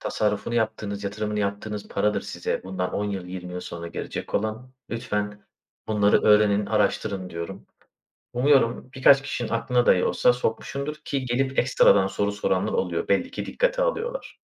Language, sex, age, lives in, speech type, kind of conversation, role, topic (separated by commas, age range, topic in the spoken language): Turkish, male, 30-34, Bulgaria, conversation, unstructured, none, Bir hobini bırakmak zorunda kaldığında neler hissettin?